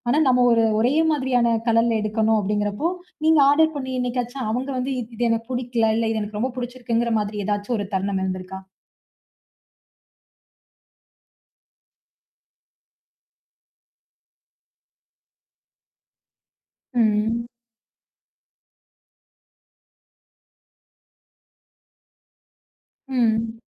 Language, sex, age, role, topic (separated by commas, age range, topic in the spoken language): Tamil, female, 20-24, host, சமூக ஊடகங்கள் உங்கள் உடைத் தேர்வை எந்த அளவுக்கு பாதிக்கின்றன?